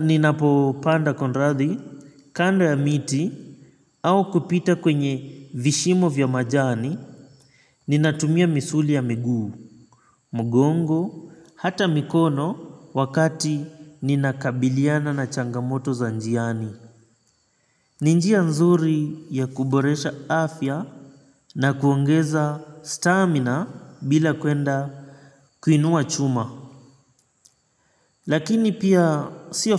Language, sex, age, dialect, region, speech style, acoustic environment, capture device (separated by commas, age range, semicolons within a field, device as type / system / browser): Swahili, male, 25-34, Kenya - Mombasa, Kenya, relaxed, nature, mobile / Linux / Chrome